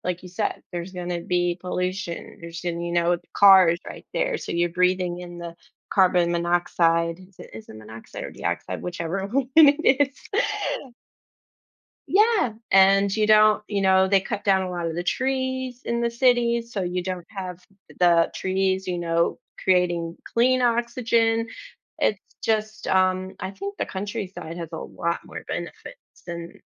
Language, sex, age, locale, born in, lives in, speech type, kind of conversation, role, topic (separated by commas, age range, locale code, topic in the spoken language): English, female, 35-39, en-US, United States, United States, conversation, unstructured, none, How do city and country lifestyles shape our daily experiences and well-being?